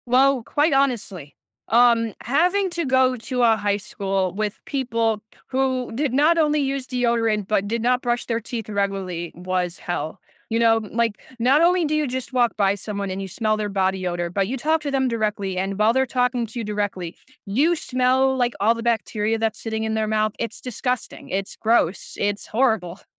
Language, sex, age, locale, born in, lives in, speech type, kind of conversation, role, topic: English, other, 20-24, en-US, United States, United States, conversation, podcast, guest, How can adopting a simple daily habit improve your overall well-being?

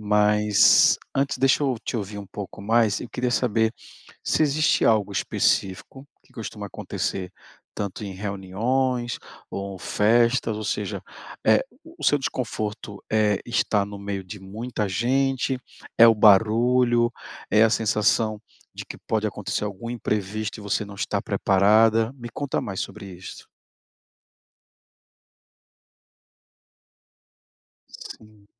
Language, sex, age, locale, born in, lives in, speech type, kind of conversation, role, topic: Portuguese, male, 40-44, pt-BR, Brazil, Portugal, conversation, advice, advisor, Por que me sinto desconectado em festas e reuniões festivas?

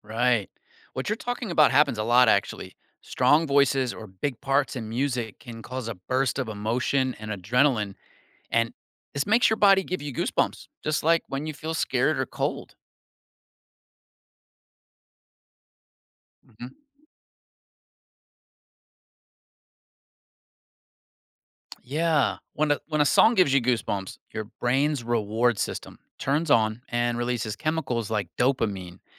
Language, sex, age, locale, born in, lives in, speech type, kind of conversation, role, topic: English, male, 45-49, en-US, United States, United States, read, expert-assistant, expert, Why do we get goosebumps when cold or scared?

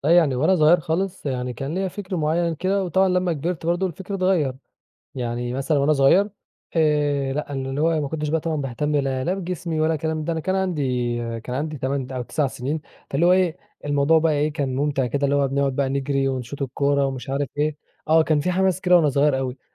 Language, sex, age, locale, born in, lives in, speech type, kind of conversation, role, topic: Arabic, male, 20-24, ar-EG, Egypt, Egypt, conversation, podcast, guest, تحكيلي عن هوايتك المفضلة؟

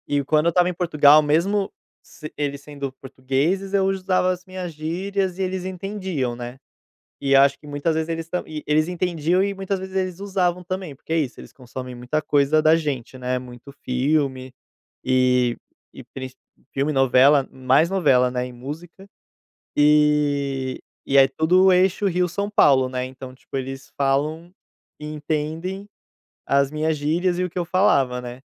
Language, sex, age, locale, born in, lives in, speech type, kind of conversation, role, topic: Portuguese, male, 25-29, pt-BR, Brazil, Portugal, conversation, podcast, guest, Que expressões ou gírias dizem quem você é?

